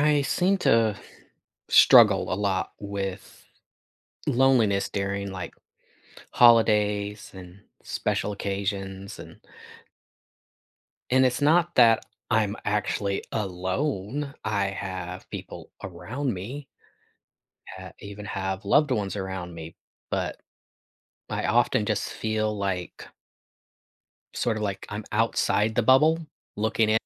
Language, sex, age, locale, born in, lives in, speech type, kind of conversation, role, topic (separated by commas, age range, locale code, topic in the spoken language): English, male, 45-49, en-US, United States, United States, conversation, advice, user, How can I cope with loneliness during the holidays?